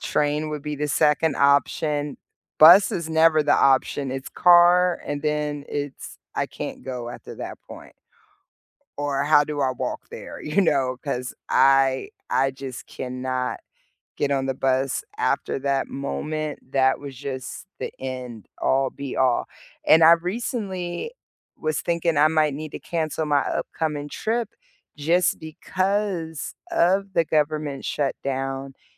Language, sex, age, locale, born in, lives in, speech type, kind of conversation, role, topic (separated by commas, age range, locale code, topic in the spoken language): English, female, 40-44, en-US, United States, United States, conversation, unstructured, none, Which way do you love getting around—train, bus, or plane—and what memories make it your favorite?